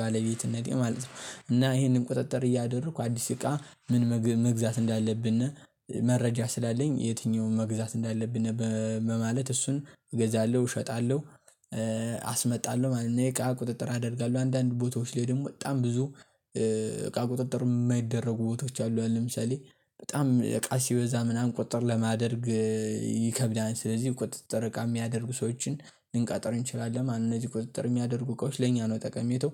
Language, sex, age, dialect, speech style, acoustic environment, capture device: Amharic, male, 18-24, Ethiopia - Gondar, excited; focused, nature, mobile / Linux / Chrome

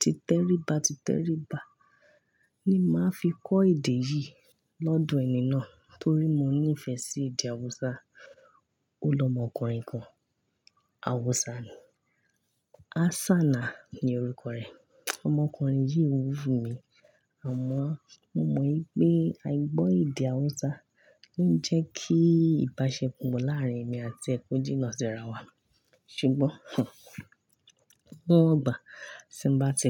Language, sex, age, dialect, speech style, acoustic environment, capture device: Yoruba, female, 25-34, Nigeria - Ibadan, happy; relaxed; excited; focused, nature; silence; construction, mobile / Linux / Chrome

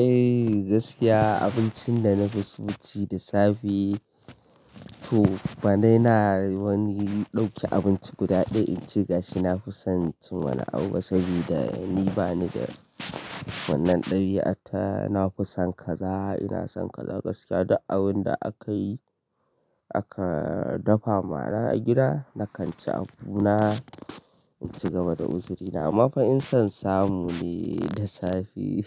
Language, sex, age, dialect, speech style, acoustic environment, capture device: Hausa, male, 25-34, Nigeria - Kano, happy, people talking, mobile / Linux / Chrome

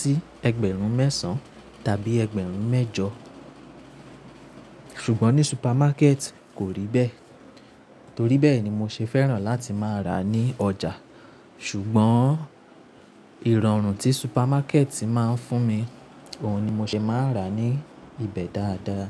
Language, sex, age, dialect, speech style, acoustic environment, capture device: Yoruba, male, 25-34, Nigeria - Nigerian Standard, relaxed; nervous; focused, appliances; silence, mobile / Linux / Chrome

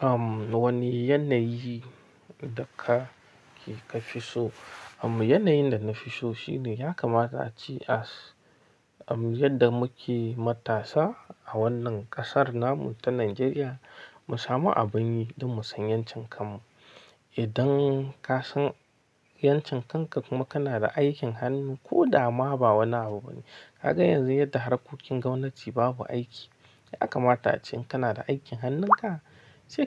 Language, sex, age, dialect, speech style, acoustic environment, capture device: Hausa, male, 25-34, Nigeria - Nigerian Standard, focused; happy; tired; relaxed, silence, mobile / Linux / Chrome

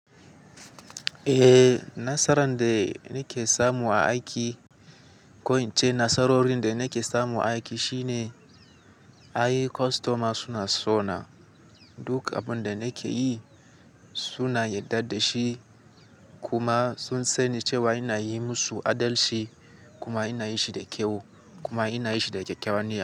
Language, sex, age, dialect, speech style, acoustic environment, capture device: Hausa, male, 18-24, Nigeria - Katsina, focused, nature, mobile / Linux / Chrome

